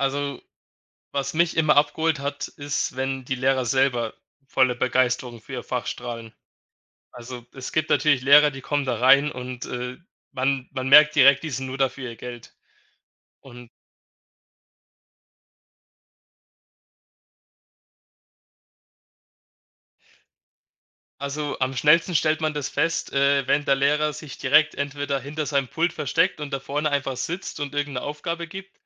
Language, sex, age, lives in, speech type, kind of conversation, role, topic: German, male, 20-24, Germany, conversation, podcast, guest, Welchen Einfluss hat eine Lehrkraft oder ein Mentor auf deine Lernfreude gehabt?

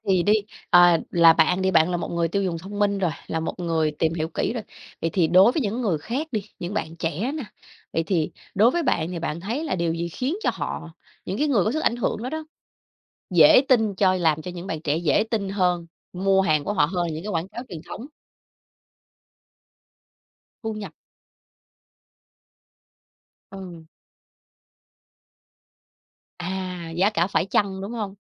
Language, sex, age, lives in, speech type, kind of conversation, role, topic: Vietnamese, female, 30-34, Vietnam, conversation, podcast, host, Bạn nghĩ influencer ảnh hưởng đến thói quen tiêu dùng ra sao?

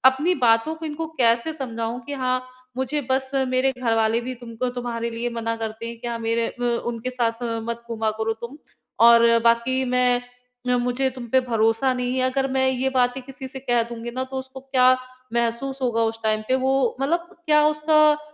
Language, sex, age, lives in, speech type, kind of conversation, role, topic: Hindi, female, 30-34, India, conversation, advice, user, मैं अपने दोस्त के साथ अपनी सीमाएँ स्पष्ट रूप से कैसे तय करूँ और अपनी भावनाएँ कैसे व्यक्त करूँ?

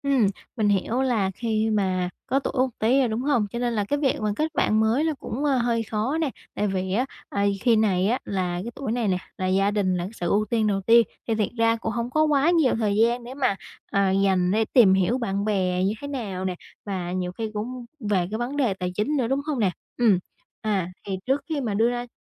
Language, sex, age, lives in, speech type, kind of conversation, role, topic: Vietnamese, female, 20-24, Vietnam, conversation, advice, advisor, Làm thế nào để kết bạn mới khi đã lớn tuổi?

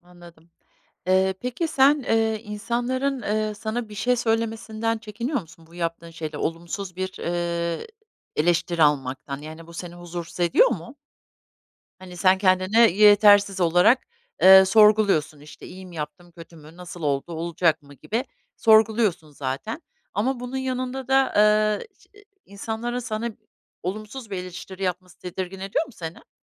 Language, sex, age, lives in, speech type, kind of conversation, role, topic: Turkish, female, 50-54, United States, conversation, advice, advisor, Kendi yeterliliğini sürekli sorgulayıp yetersiz hissettiğini ne zaman ve hangi durumlarda daha çok yaşıyorsun?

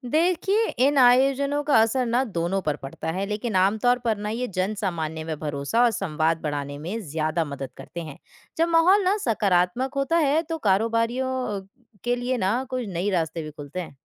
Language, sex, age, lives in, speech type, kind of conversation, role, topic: Hindi, female, 20-24, India, read, expert-assistant, expert, अगर किसी देश को अपने पड़ोसी देशों के साथ रिश्ते सुधारने हों, तो उसे कौन-कौन सी रणनीति अपनानी चाहिए?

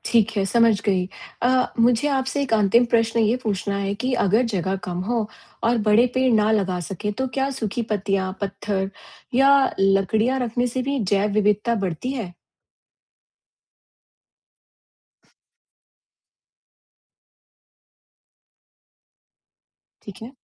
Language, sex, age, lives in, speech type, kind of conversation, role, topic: Hindi, female, 45-49, United States, read, expert-assistant, asker, हम अपने आस-पास के बगीचे या पार्क को ऐसा कैसे बना सकते हैं कि पक्षी और तितलियाँ ज़्यादा आएँ?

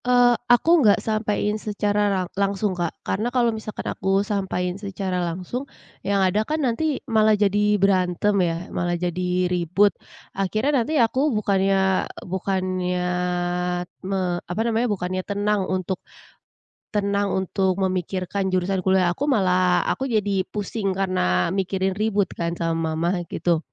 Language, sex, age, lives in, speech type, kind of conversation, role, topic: Indonesian, female, 25-29, Indonesia, conversation, podcast, guest, Bagaimana kamu menghadapi tekanan keluarga terkait pilihan hidupmu?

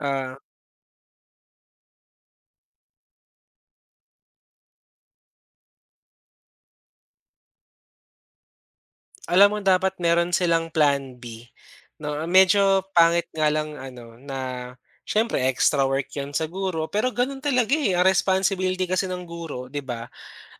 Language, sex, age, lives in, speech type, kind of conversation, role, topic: Filipino, male, 25-29, Philippines, conversation, podcast, guest, Paano magbabago ang pag-aaral sa paaralan dahil sa teknolohiya?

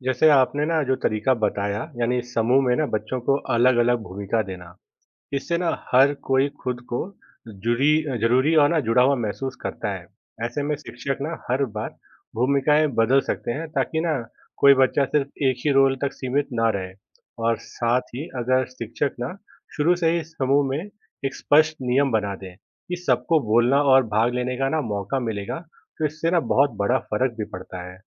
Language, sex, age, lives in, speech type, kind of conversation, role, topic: Hindi, male, 30-34, India, read, expert-assistant, expert, क्या स्कूल में समूह में काम करना फायदेमंद है?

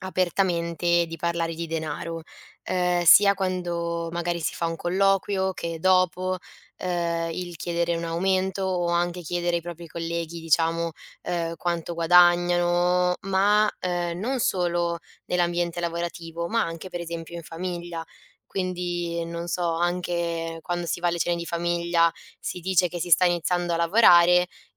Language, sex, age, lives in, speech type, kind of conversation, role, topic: Italian, female, 20-24, Italy, conversation, advice, user, Come posso iniziare a parlare di soldi apertamente con il mio partner?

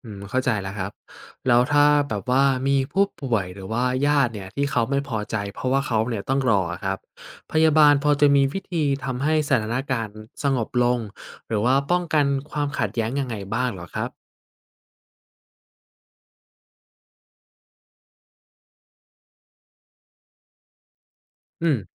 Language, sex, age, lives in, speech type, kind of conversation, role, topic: Thai, male, 20-24, Thailand, read, expert-assistant, asker, ถ้าคุณเป็นพยาบาลในโรงพยาบาลที่มีงานยุ่งมาก คุณจะจัดลำดับความสำคัญของผู้ป่วยแต่ละรายอย่างไรบ้าง?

